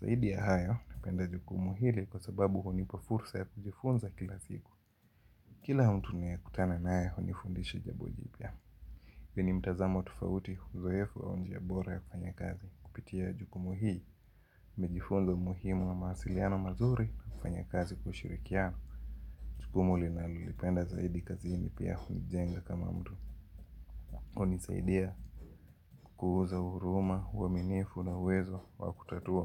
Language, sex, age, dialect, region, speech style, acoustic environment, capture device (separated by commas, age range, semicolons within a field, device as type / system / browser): Swahili, male, 18-24, Kenya - Mombasa, Kenya, happy; excited, music, mobile / Linux / Chrome